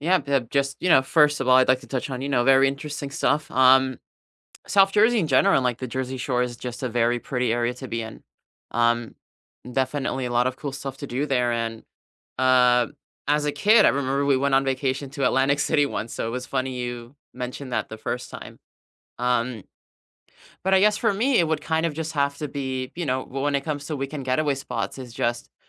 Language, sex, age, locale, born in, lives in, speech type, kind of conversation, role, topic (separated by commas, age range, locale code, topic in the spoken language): English, male, 20-24, en-US, United States, United States, conversation, unstructured, none, What are your favorite weekend getaway spots within a few hours' drive?